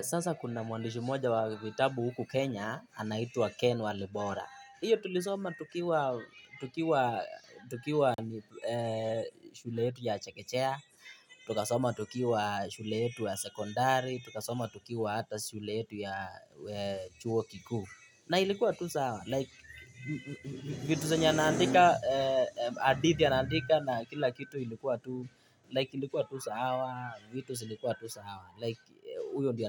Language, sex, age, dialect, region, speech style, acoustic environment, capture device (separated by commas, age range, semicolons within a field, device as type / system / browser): Swahili, male, 18-24, Kenya - Nairobi Sheng-influenced, Kenya, happy, traffic, mobile / Linux / Chrome